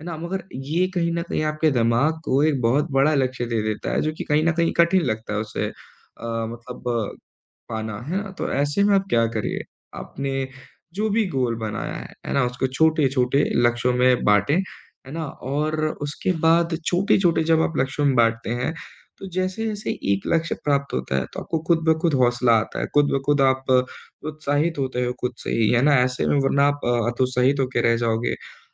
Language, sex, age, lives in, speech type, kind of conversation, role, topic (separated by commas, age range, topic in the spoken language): Hindi, male, 25-29, India, conversation, advice, advisor, अनपेक्षित व्यस्तता के बीच मैं अपनी आदत कैसे बनाए रखूँ?